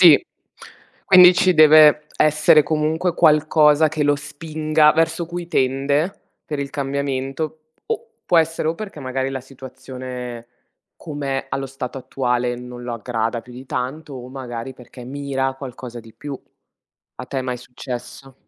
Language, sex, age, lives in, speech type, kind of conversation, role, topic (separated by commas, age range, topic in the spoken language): Italian, female, 30-34, Italy, conversation, unstructured, none, Credi che le persone possano davvero cambiare?